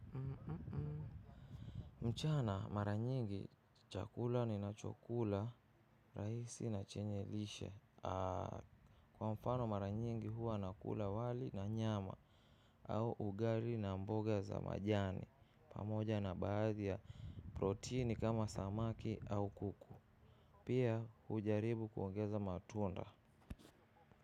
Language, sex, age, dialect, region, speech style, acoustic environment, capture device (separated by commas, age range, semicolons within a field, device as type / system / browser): Swahili, male, 25-34, Kenya - Nairobi Sheng-influenced, Kenya, relaxed, silence, mobile / macOS / Safari